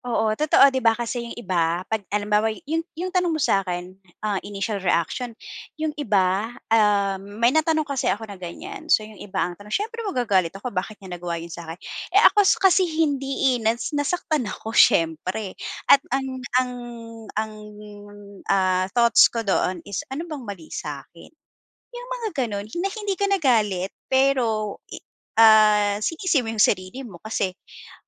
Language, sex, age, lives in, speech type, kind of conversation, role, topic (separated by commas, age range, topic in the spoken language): Filipino, female, 40-44, Philippines, conversation, podcast, guest, Ano ang natutuhan mo mula sa isang pasyang nagbago ng buhay mo?